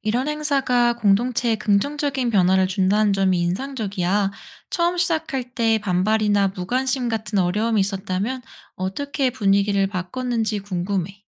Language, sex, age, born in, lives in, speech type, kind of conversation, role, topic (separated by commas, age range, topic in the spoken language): Korean, female, 30-34, South Korea, United States, read, expert-assistant, asker, 왜 어떤 언어들은 시간이 지나면서 사라지는 반면, 어떤 언어들은 살아남을까요?